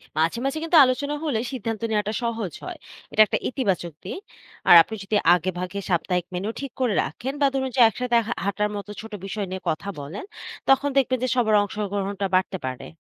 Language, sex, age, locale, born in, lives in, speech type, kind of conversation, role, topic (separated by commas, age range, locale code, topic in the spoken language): Bengali, female, 25-29, bn-BD, Bangladesh, Bangladesh, read, expert-assistant, expert, ডায়াবেটিস বা উচ্চ রক্তচাপের মতো সাধারণ রোগে বিশেষজ্ঞের পরামর্শ পেতে না পারলে কী কী ব্যবহারিক উপায় আছে?